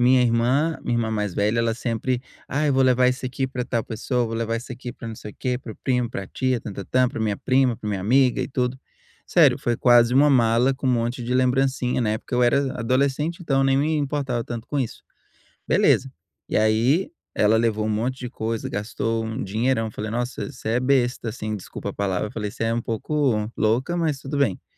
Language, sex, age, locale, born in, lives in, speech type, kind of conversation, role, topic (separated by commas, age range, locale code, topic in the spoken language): Portuguese, male, 30-34, pt-BR, Brazil, United States, conversation, advice, user, Como encontrar presentes significativos sem gastar demais?